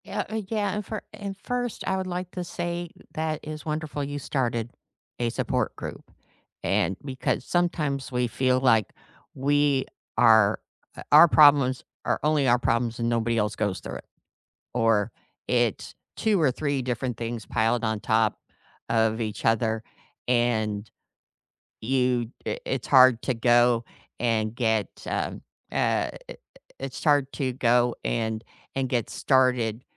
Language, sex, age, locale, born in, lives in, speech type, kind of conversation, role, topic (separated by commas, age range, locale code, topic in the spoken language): English, female, 55-59, en-US, United States, United States, conversation, unstructured, none, What place feels like home to you, and why?